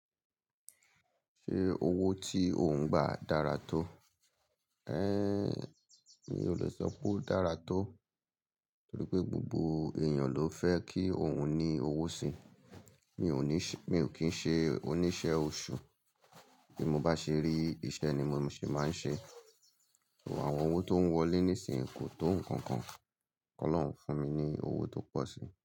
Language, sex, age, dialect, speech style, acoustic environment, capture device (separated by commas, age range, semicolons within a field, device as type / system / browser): Yoruba, male, 35-44, Nigeria - Lagos Yoruba, happy, people talking; nature, mobile / Linux / Chrome